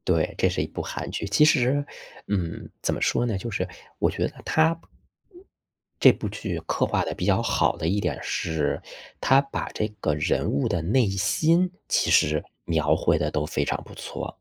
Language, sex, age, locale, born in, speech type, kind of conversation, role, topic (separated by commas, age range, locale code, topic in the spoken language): Chinese, male, 35-39, zh-CN, China, conversation, unstructured, none, 最近有没有哪部电视剧让你觉得很开心？